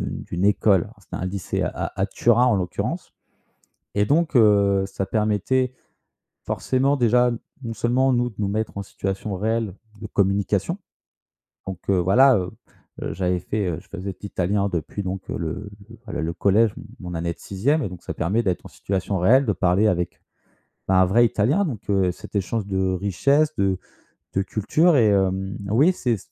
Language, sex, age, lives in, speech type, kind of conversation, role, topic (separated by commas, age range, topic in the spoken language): French, male, 25-29, France, conversation, podcast, guest, Comment restes-tu curieux pendant tes voyages ?